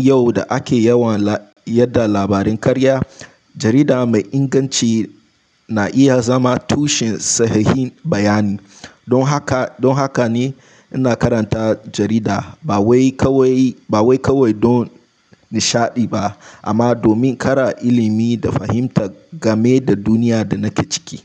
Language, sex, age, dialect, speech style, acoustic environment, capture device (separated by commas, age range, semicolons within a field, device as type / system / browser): Hausa, male, 18-24, Nigeria - Nigerian Standard, relaxed; focused, silence, mobile / Linux / Chrome